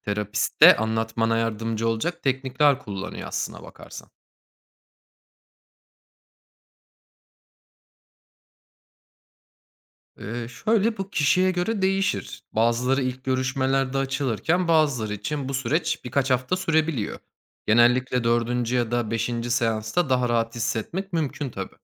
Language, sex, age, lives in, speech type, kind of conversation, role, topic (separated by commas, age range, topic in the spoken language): Turkish, male, 30-34, Germany, read, expert-assistant, expert, Psikolojik destek almak mı, yakın bir arkadaşla konuşmak mı daha faydalı? Artı ve eksileri neler?